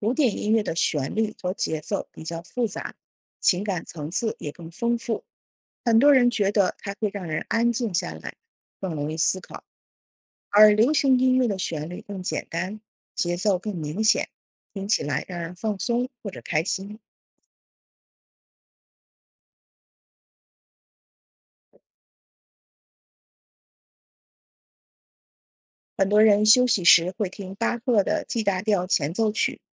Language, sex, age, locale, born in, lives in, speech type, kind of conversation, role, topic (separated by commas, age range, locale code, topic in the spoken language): Chinese, female, 40-44, zh-CN, China, United States, read, expert-assistant, expert, 为什么有些人喜欢听古典音乐？